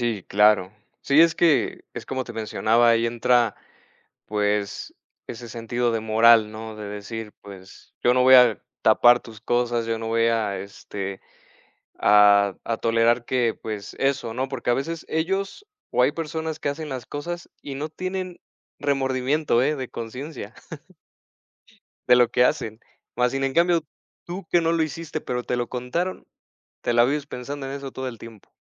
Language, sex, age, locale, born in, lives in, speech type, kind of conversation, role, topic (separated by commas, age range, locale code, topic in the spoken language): Spanish, male, 30-34, es-MX, Mexico, Mexico, conversation, unstructured, none, ¿Se puede justificar una acción mala si ayuda a alguien cercano?